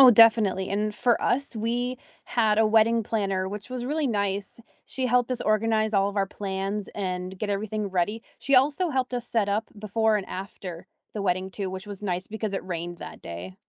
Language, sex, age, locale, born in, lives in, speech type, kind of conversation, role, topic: English, female, 25-29, en-US, United States, United States, conversation, unstructured, none, What scares you about making major changes in your family relationships?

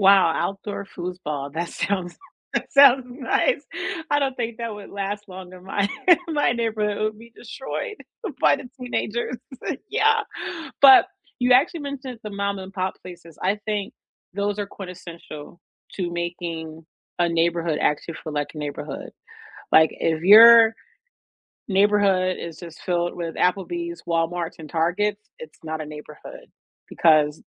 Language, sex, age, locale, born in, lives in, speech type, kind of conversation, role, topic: English, female, 35-39, en-US, United States, United States, conversation, unstructured, none, What people, places, and routines make you feel at home where you live, and why?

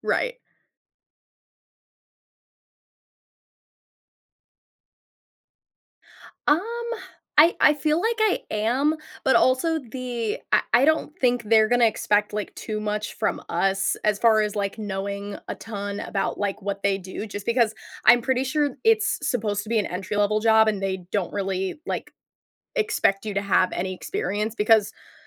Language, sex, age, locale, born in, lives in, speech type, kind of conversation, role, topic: English, female, 20-24, en-US, United States, United States, conversation, advice, user, How can I set clearer boundaries so work doesn't take over my personal time?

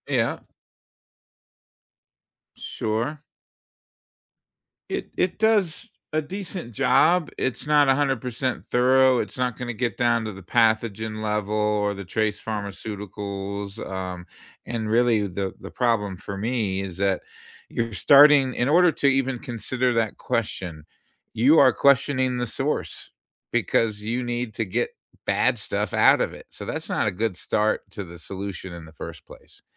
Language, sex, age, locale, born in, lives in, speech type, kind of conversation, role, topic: English, male, 55-59, en-US, United States, United States, conversation, unstructured, none, Have you ever tried to convince someone to take up your hobby?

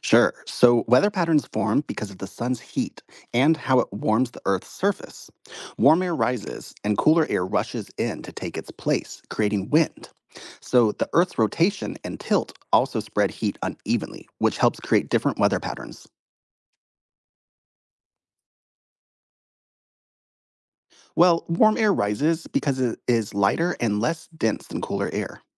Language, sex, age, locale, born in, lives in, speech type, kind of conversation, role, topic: English, male, 35-39, en-US, United States, United States, read, expert-assistant, expert, How do weather patterns form and change?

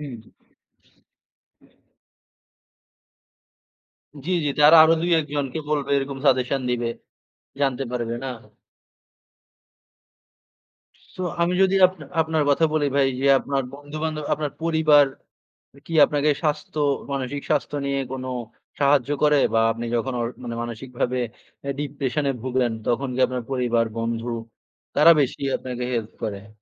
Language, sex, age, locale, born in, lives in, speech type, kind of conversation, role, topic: Bengali, male, 20-24, bn-BD, Bangladesh, Bangladesh, conversation, unstructured, none, কেন অনেকেই মানসিক স্বাস্থ্য নিয়ে কথা বলতে ভয় পান?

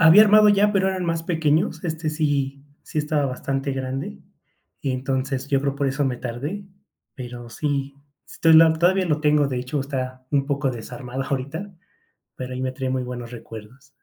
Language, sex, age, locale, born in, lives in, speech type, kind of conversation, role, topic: Spanish, male, 30-34, es-MX, Mexico, Mexico, conversation, podcast, guest, ¿Cómo celebraban las fiestas en tu familia cuando eras niño?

